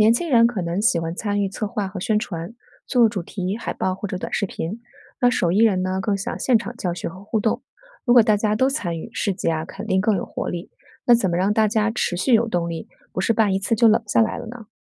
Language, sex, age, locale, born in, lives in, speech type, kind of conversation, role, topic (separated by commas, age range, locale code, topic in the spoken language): Chinese, female, 40-44, zh-CN, China, United States, read, expert-assistant, asker, 如果你要帮助一个小城市变得更富裕，你会用哪些简单又有效的方法？